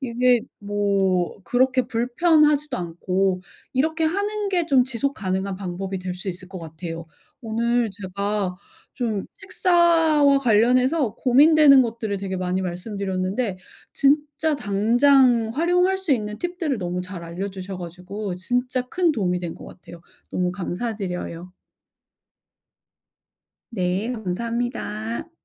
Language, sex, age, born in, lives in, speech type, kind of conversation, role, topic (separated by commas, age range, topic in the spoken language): Korean, female, 40-44, South Korea, United States, conversation, advice, user, 식사 패턴을 바꾸면 스트레스를 덜 느낄 수 있나요?